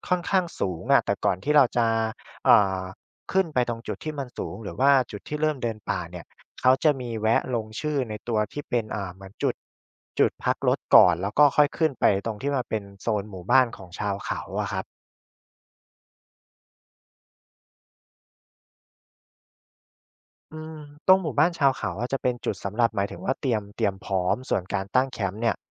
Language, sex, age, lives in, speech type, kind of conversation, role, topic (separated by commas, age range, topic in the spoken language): Thai, male, 25-29, Thailand, conversation, podcast, guest, คุณช่วยเล่าประสบการณ์ท่องป่าที่ทำให้มุมมองชีวิตของคุณเปลี่ยนไปให้ฟังได้ไหม?